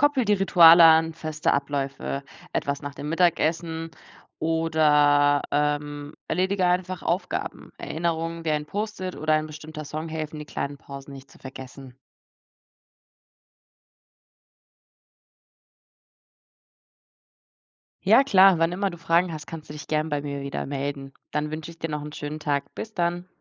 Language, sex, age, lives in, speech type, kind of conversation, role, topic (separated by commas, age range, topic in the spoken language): German, female, 20-24, Germany, read, expert-assistant, expert, Wie könnten wir unsere täglichen Routinen anders gestalten, damit sie unserem seelischen Wohlbefinden besser helfen?